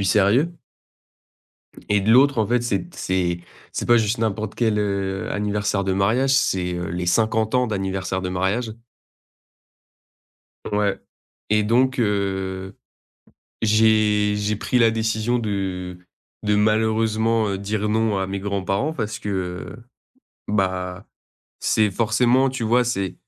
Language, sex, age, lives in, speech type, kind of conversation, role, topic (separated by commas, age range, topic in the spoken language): French, male, 20-24, France, conversation, advice, user, Comment dire non à un proche sans le blesser ?